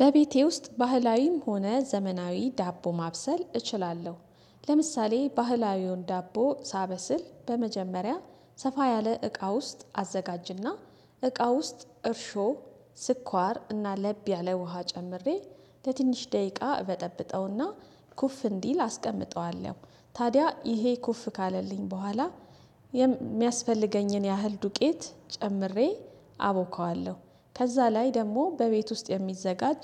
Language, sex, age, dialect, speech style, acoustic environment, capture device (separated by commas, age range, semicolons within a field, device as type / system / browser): Amharic, female, 35-44, Ethiopia - Addis Ababa, relaxed, silence, desktop / Windows / Chrome